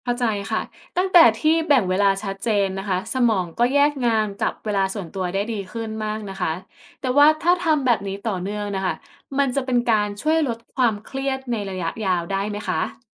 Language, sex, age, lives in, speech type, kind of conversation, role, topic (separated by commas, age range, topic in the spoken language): Thai, female, 25-29, Thailand, read, expert-assistant, asker, วิธีไหนที่ช่วยให้เจ้าของธุรกิจสามารถจัดการเวลาและงานต่าง ๆ ได้อย่างมีประสิทธิภาพโดยไม่รู้สึกเครียด?